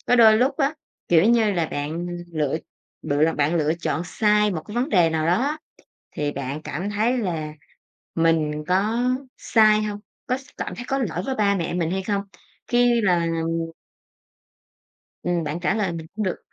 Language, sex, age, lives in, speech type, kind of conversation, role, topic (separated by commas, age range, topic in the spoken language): Vietnamese, female, 30-34, Vietnam, conversation, podcast, host, Bạn thường chọn nghề theo đam mê hay ưu tiên sự an toàn?